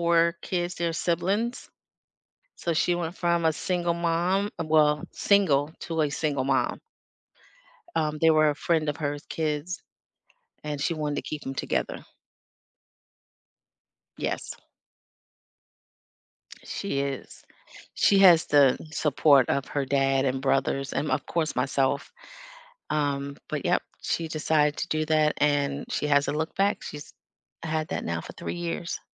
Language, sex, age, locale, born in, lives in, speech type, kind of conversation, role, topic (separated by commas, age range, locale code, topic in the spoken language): English, female, 55-59, en-US, United States, United States, conversation, unstructured, none, Which class project will you never forget, and what did it teach you about learning, teamwork, or yourself?